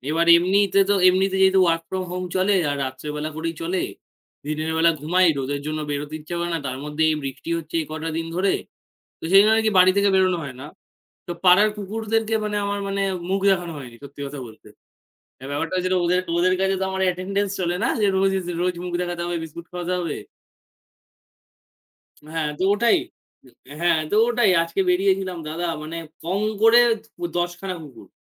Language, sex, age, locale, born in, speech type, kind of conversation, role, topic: Bengali, male, 18-19, bn-IN, India, conversation, unstructured, none, আপনার প্রিয় প্রাণী কোনটি, আর কেন?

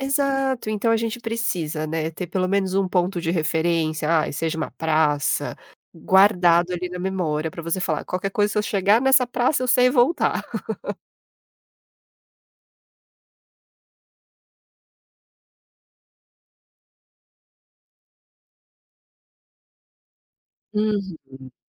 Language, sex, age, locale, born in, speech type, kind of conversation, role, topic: Portuguese, female, 30-34, pt-BR, Brazil, conversation, podcast, guest, Que conselho você daria para quem nunca viajou sozinho?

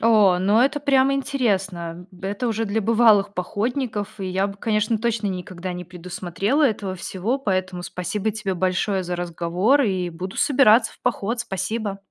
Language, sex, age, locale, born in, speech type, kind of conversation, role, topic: Russian, female, 30-34, ru-RU, Belarus, read, expert-assistant, asker, Правда ли, что во время похода можно пить воду прямо из ручья без фильтрации?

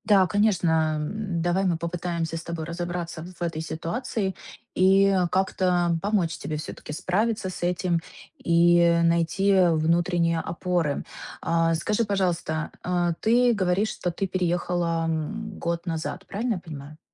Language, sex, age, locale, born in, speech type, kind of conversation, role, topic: Russian, female, 30-34, ru-RU, Ukraine, conversation, advice, advisor, Как мне найти новые опоры после больших перемен?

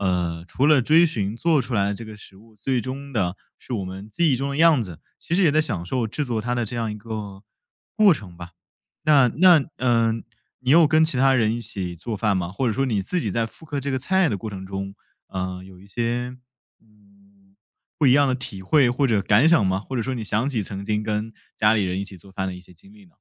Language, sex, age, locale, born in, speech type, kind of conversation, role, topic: Chinese, male, 20-24, zh-CN, China, conversation, podcast, host, 你是如何保持与故土的联系的？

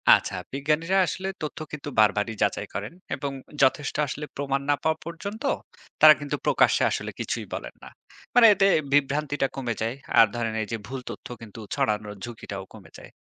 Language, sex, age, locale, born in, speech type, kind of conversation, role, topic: Bengali, male, 30-34, bn-BD, Bangladesh, read, expert-assistant, expert, বহির্জাগতিক প্রাণ নিয়ে গবেষণার সময় বিজ্ঞানীরা কীভাবে জানেন, কোন খবর বা তথ্য আসলেই বিশ্বাসযোগ্য?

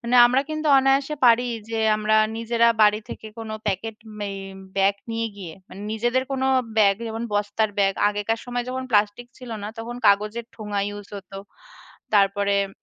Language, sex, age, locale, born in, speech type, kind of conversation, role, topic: Bengali, female, 30-34, bn-IN, India, conversation, unstructured, none, আপনি কীভাবে পরিবেশবান্ধব জীবনযাপন শুরু করতে পারেন?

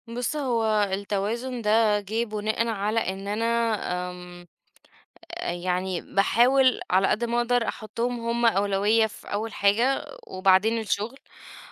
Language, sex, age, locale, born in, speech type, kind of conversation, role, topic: Arabic, female, 30-34, ar-EG, Egypt, conversation, podcast, guest, إزاي بتحافظ/ي على توازن بين الشغل وحياتك الشخصية؟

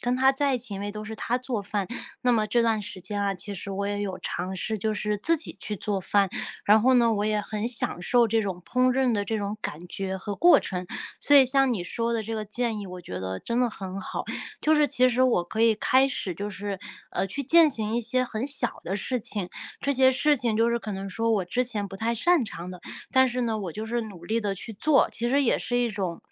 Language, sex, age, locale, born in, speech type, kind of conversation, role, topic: Chinese, female, 30-34, zh-CN, China, conversation, advice, user, 在一段关系结束后，我该如何重建自己的生活？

